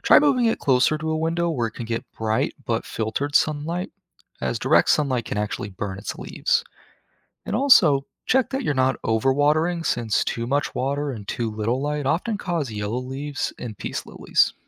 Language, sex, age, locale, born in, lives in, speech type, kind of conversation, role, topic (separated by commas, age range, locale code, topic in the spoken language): English, male, 20-24, en-US, United States, United States, read, expert-assistant, expert, How does photosynthesis occur in plants?